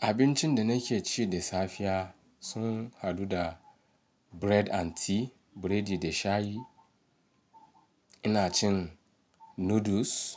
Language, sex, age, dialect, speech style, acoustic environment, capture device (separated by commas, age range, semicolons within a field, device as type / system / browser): Hausa, male, 35-44, Nigeria - Ibadan, relaxed; focused, silence, mobile / Linux / Chrome